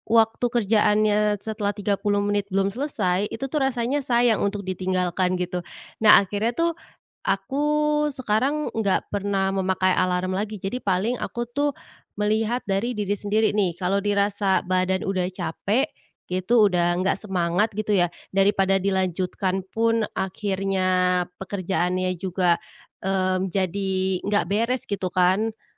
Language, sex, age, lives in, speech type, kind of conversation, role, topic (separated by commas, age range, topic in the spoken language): Indonesian, female, 25-29, Indonesia, conversation, podcast, guest, Bagaimana kamu menentukan kapan pekerjaanmu benar-benar selesai untuk hari ini?